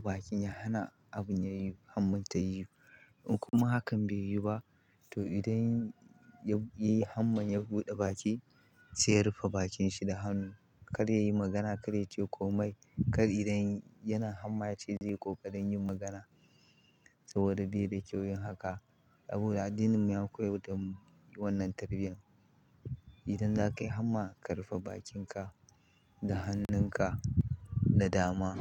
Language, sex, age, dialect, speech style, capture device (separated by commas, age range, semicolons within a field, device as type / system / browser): Hausa, male, 25-34, Nigeria - Kano, happy, mobile / Linux / Chrome